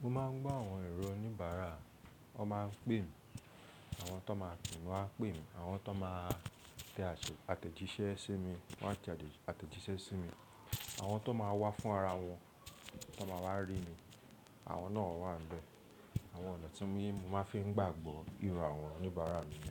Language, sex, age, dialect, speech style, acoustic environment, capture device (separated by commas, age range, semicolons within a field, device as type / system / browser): Yoruba, male, 25-34, Nigeria - Lagos Yoruba, relaxed, nature, mobile / Linux / Chrome